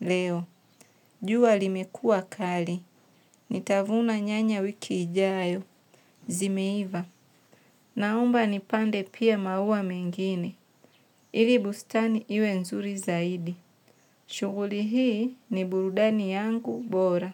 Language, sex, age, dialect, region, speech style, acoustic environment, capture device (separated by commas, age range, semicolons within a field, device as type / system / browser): Swahili, female, 25-34, Tanzania - Dar es Salaam, Tanzania, relaxed, nature, mobile / Linux / Chrome